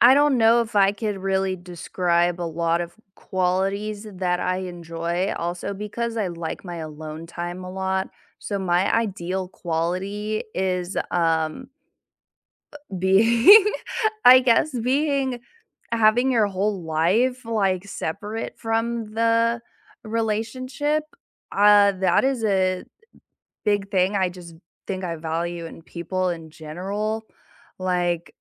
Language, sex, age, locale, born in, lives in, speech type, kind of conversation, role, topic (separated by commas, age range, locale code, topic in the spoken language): English, female, 20-24, en-US, United States, United States, conversation, unstructured, none, What qualities do you value most in a partner?